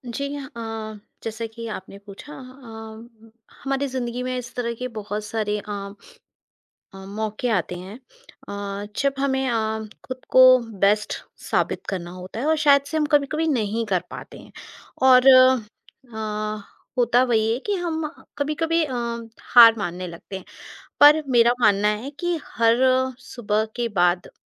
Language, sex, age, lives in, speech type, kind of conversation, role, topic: Hindi, female, 40-44, India, conversation, podcast, guest, Sunrise aur sunset se tum nayi shuruat aur khatam hone ke baare mein kya seekhte ho?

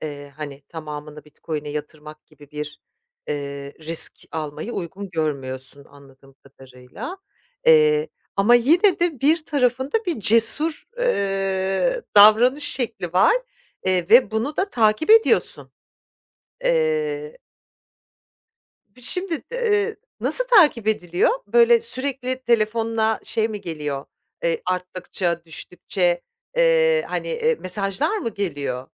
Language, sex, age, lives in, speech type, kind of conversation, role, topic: Turkish, female, 45-49, France, conversation, advice, advisor, Zamana yatırım yapmak yerine kısa vadede para kazanmayı seçersem nelerden vazgeçmiş olurum?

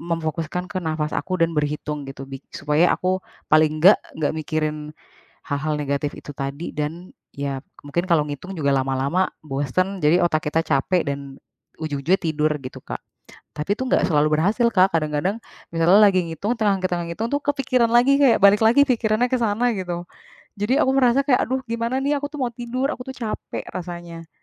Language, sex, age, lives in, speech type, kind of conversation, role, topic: Indonesian, female, 30-34, Indonesia, conversation, advice, user, Bagaimana pikiran yang berulang membuat Anda sulit tidur?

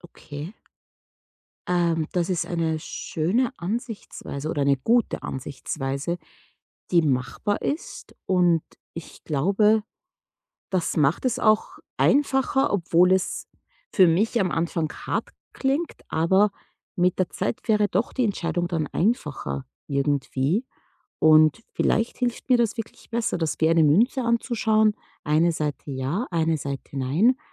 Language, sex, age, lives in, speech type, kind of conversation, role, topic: German, female, 30-34, United States, conversation, advice, user, Wie kann ich alte Gewohnheiten ändern, um mein Selbstbild zu stärken?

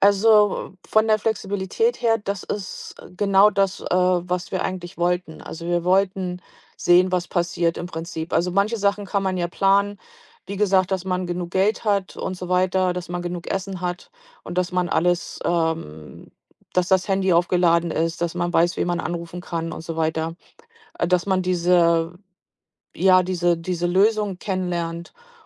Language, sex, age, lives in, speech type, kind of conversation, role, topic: German, female, 45-49, United States, conversation, podcast, guest, Welchen Rat würdest du einem Reiseneuling geben?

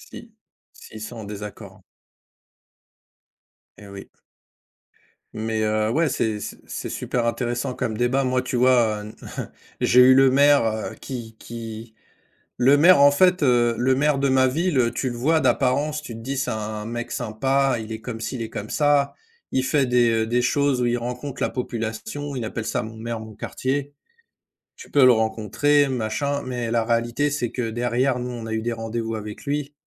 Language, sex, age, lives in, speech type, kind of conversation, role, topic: French, male, 45-49, France, conversation, unstructured, none, Comment la démocratie peut-elle améliorer notre vie quotidienne ?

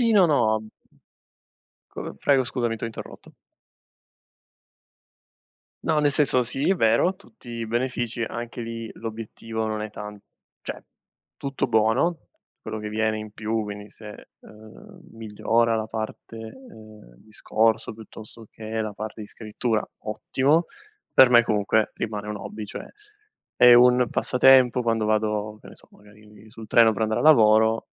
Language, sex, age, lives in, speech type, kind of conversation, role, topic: Italian, male, 25-29, Italy, conversation, unstructured, none, Come reagisci quando qualcuno critica il modo in cui pratichi un hobby?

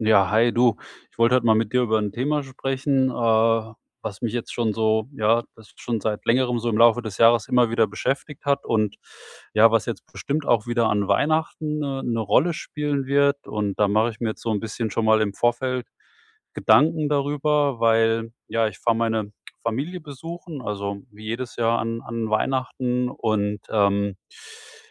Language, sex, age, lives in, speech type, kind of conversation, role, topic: German, male, 45-49, Germany, conversation, advice, user, Wie kann ich meine persönlichen Grenzen klar setzen und dabei selbstbewusst bleiben?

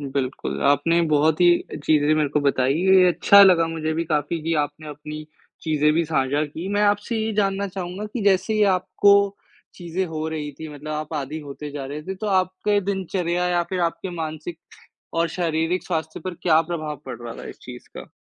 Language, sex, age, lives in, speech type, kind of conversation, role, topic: Hindi, male, 55-59, India, conversation, podcast, host, रात में फोन का इस्तेमाल कम करना कितना ज़रूरी है, और इसे कैसे किया जा सकता है?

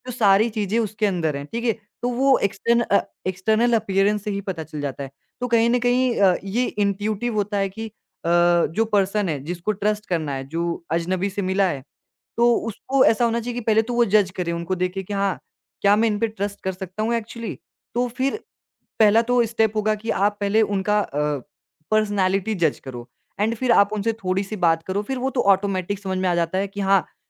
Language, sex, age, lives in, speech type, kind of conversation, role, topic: Hindi, male, 20-24, India, conversation, podcast, guest, किसी अजनबी से आपकी सबसे यादगार मुलाकात कैसे हुई थी?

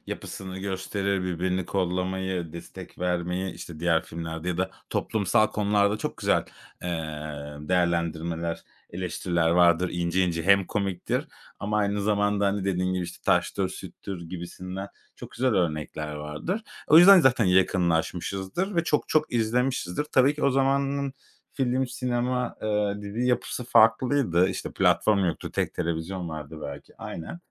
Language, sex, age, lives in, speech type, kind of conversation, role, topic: Turkish, male, 35-39, Spain, conversation, podcast, host, Dizilerde temsil ve çeşitlilik neden önemlidir, neyi değiştirir?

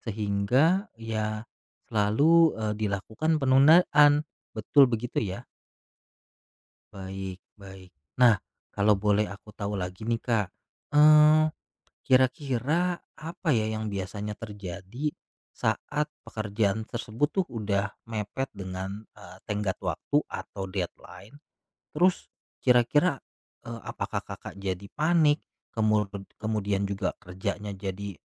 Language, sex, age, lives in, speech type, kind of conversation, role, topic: Indonesian, male, 35-39, Indonesia, conversation, advice, advisor, Mengapa Anda sering menunda pekerjaan sampai mendekati tenggat waktu?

